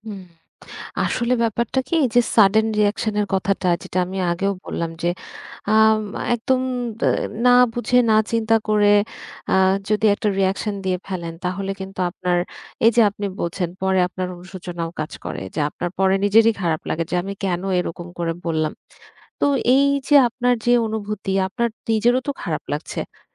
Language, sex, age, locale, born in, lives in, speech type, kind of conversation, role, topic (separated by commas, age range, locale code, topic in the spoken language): Bengali, female, 30-34, bn-BD, Bangladesh, Bangladesh, conversation, advice, advisor, আপনি কীভাবে হঠাৎ রাগে ফেটে যান এবং পরে অনুশোচনা বা লজ্জা অনুভব করেন?